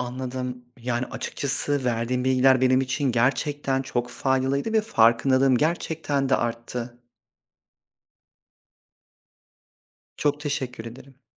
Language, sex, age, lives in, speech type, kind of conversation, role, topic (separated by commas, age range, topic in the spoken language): Turkish, male, 30-34, Poland, read, expert-assistant, asker, Daha sağlıklı bir yaşam sürmek için günlük hayatta hangi küçük değişiklikler büyük fark yaratabilir?